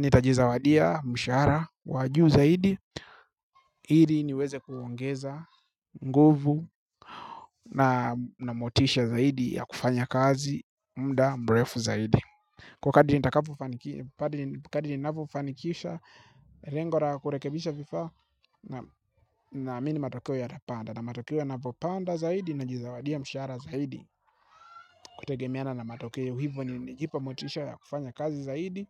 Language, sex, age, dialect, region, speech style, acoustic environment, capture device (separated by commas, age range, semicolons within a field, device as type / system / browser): Swahili, male, 25-34, Tanzania - Dar es Salaam, Tanzania, happy; focused; relaxed, silence, mobile / Linux / Chrome